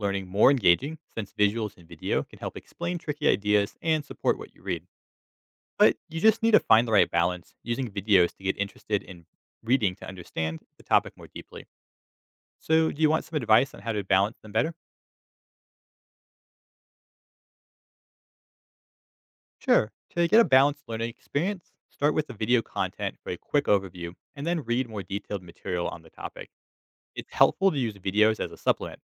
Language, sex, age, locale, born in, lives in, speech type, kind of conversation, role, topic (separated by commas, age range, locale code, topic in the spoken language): English, male, 25-29, en-US, United States, United States, read, expert-assistant, expert, The impact of video content on reading habits and literacy